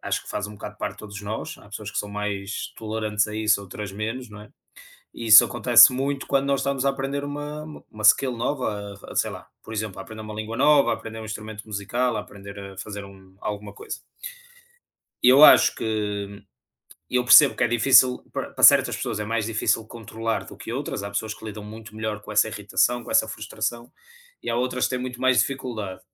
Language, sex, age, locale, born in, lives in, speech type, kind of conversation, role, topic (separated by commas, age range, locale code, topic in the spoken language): Portuguese, male, 30-34, pt-PT, Portugal, Portugal, conversation, unstructured, none, O que te deixa bravo quando você tem dificuldade para aprender alguma coisa?